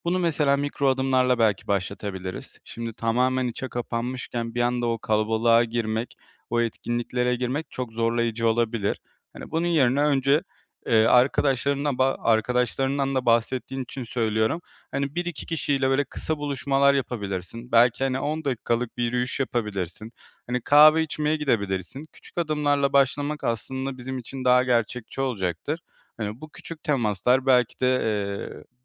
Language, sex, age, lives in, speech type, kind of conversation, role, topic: Turkish, male, 25-29, Poland, conversation, advice, advisor, Sosyal etkinliklerden kaçınmanız ve yalnızlık hissetmeniz hakkında neler paylaşmak istersiniz?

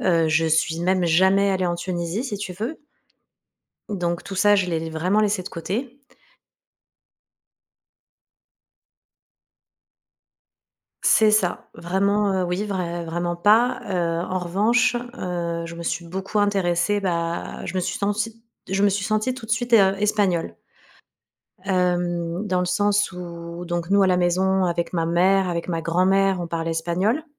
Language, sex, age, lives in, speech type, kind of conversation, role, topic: French, female, 40-44, Spain, conversation, podcast, guest, Comment vis-tu ton appartenance à plusieurs cultures ?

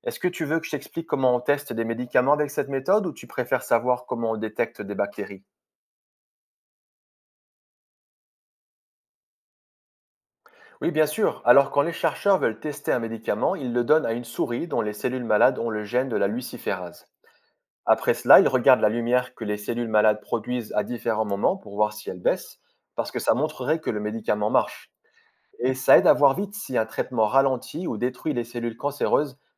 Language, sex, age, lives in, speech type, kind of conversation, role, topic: French, male, 35-39, France, read, expert-assistant, expert, Comment les lucioles produisent-elles de la lumière ?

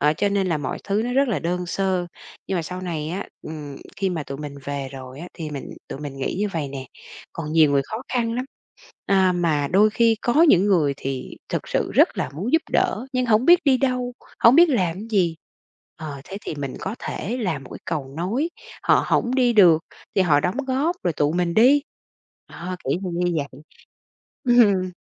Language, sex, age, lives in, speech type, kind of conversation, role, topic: Vietnamese, female, 45-49, Vietnam, conversation, podcast, guest, Bạn đã từng âm thầm làm một việc tử tế mà không ai biết chưa?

